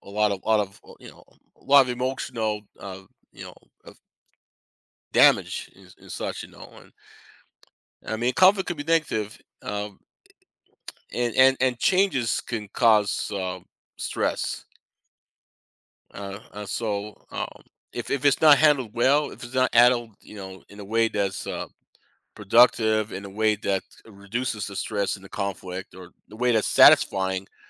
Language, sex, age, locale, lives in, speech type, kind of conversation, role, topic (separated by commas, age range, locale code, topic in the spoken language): English, male, 50-54, en-US, United States, conversation, podcast, guest, How do meaningful friendships endure through life’s changes and challenges?